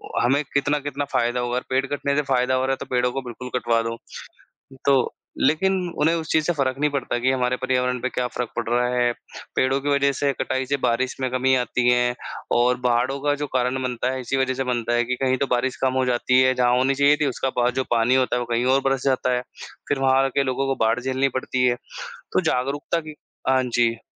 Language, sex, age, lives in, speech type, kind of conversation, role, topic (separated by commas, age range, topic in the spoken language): Hindi, male, 25-29, India, conversation, unstructured, none, क्या आप सोचते हैं कि पर्यावरण की खराब हालत के लिए इंसान जिम्मेदार है?